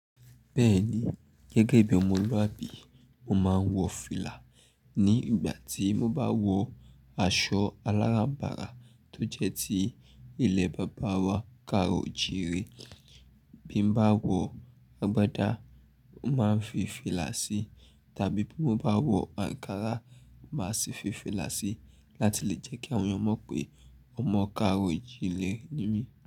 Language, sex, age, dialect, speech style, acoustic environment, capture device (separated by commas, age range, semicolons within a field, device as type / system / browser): Yoruba, male, 25-34, Nigeria - Nigerian Standard, happy, nature, mobile / Linux / Chrome